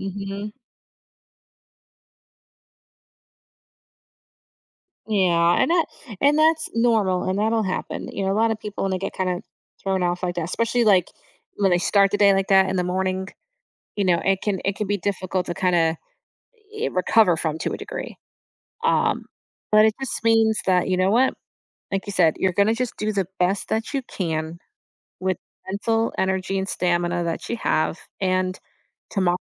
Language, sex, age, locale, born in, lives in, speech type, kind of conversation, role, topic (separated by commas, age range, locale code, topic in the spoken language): English, female, 35-39, en-US, United States, United States, conversation, advice, advisor, How can I manage overwhelming responsibilities more effectively?